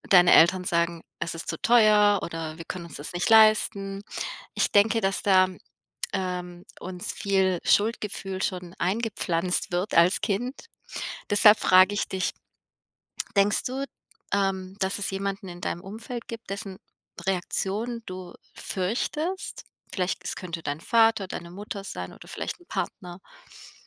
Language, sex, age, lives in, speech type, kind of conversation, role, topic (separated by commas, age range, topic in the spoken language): German, female, 35-39, United States, conversation, advice, advisor, Wie gehe ich mit Schuldgefühlen nach einem teuren Kauf um?